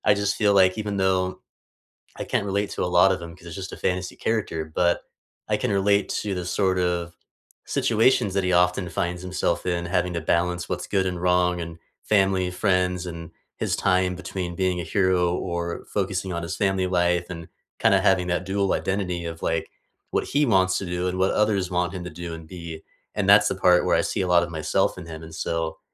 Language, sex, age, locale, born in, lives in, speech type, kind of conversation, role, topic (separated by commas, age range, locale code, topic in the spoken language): English, male, 30-34, en-US, United States, United States, conversation, unstructured, none, What underrated TV shows have you been recommending to everyone lately?